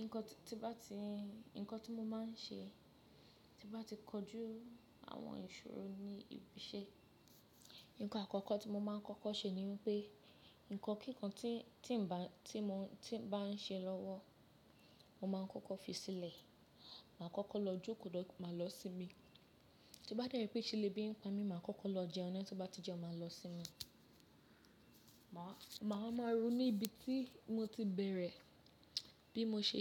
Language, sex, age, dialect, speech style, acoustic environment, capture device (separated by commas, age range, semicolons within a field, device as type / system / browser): Yoruba, female, 25-34, Nigeria - Lagos Yoruba, happy, nature, mobile / Linux / Chrome